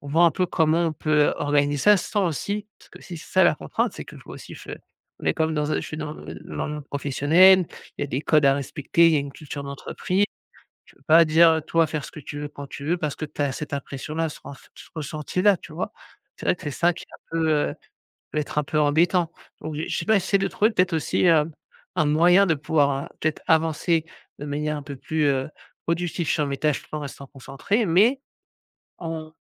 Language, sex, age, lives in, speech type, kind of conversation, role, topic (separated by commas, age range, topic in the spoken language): French, male, 35-39, France, conversation, advice, user, Comment trop de réunions nuisent-elles à ma capacité à me concentrer et à être productif ?